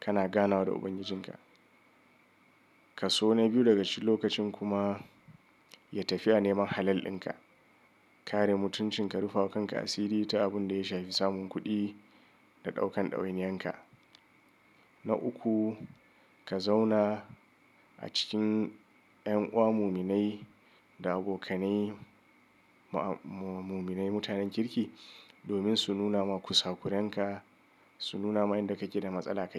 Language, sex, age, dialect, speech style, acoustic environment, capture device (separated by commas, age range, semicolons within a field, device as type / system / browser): Hausa, male, 35-44, Nigeria - Kano, nervous; excited; stressed; anxious, nature; silence, mobile / Linux / Chrome